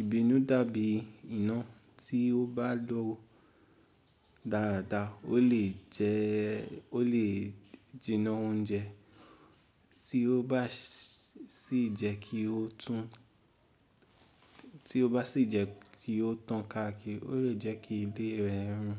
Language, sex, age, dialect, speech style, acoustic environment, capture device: Yoruba, male, 18-24, Nigeria - Ibadan, relaxed, nature, mobile / Linux / Chrome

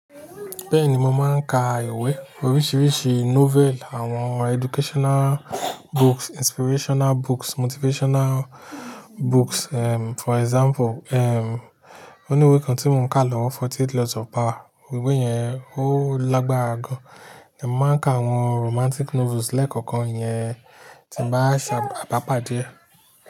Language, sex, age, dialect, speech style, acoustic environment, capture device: Yoruba, male, 35-44, Nigeria - Nigerian Standard, focused, nature, mobile / Linux / Chrome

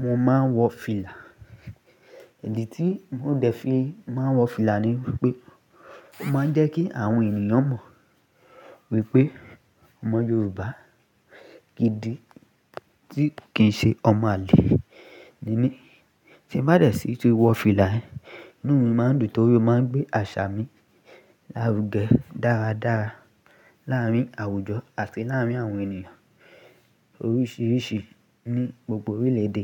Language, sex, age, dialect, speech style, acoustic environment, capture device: Yoruba, male, 18-24, Nigeria - Lagos Yoruba, focused, people talking; music, mobile / Linux / Chrome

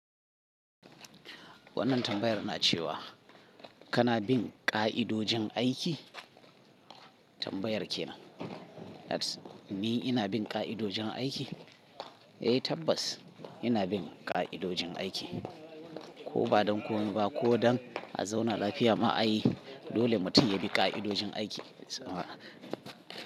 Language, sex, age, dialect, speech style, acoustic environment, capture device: Hausa, male, 35-44, Nigeria - Kano, tired, people talking, mobile / Linux / Chrome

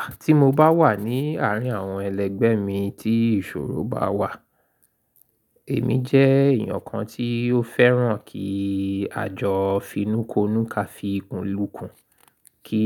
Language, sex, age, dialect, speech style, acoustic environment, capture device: Yoruba, male, 35-44, Nigeria - Lagos Yoruba, excited; focused; happy, silence, mobile / Linux / Chrome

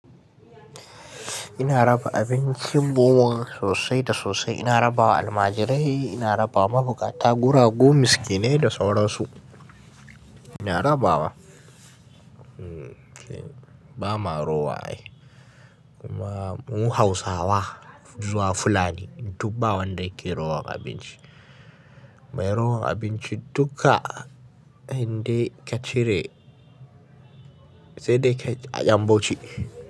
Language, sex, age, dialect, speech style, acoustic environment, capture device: Hausa, male, 18-24, Nigeria - Kano, nervous; excited; focused; stressed; relaxed; tired; anxious; happy, silence; nature; music; people talking; traffic; construction; appliances, mobile / Linux / Chrome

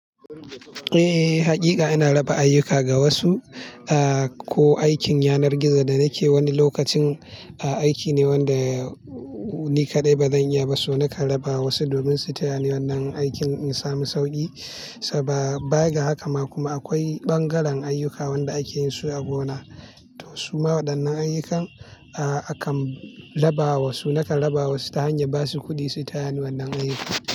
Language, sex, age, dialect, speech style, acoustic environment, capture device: Hausa, male, 25-34, Nigeria - Kano, happy; relaxed; tired; excited; focused, silence, mobile / Linux / Chrome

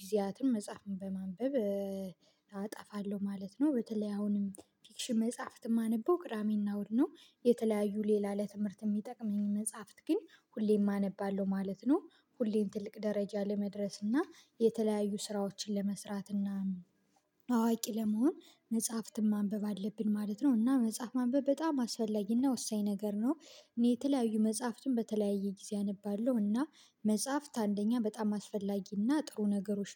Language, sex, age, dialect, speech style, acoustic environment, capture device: Amharic, female, 25-34, Ethiopia - Gojjam, focused, silence, mobile / Linux / Chrome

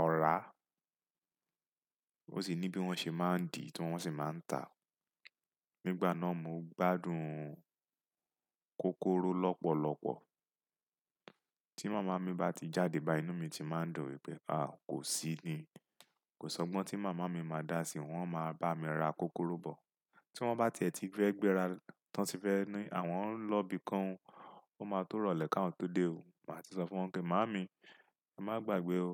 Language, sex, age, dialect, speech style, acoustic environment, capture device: Yoruba, male, 35-44, Nigeria - Lagos Yoruba, relaxed; focused, silence, desktop / Windows / Chrome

